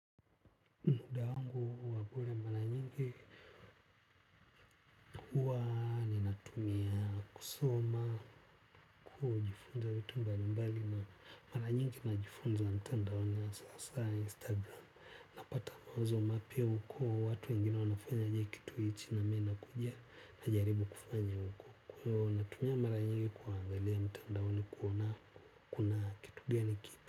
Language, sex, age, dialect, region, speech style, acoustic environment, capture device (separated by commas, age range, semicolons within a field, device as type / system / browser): Swahili, male, 25-34, Tanzania - Dar es Salaam, Tanzania, relaxed, music; people talking; nature; appliances, mobile / Linux / Chrome